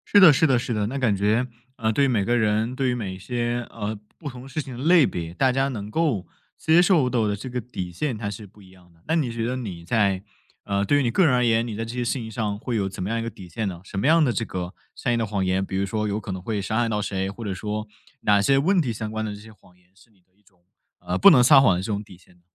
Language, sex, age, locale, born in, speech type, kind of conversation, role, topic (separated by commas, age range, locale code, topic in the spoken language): Chinese, male, 20-24, zh-CN, China, conversation, podcast, host, 你如何看待善意的谎言，以及它的底线是什么？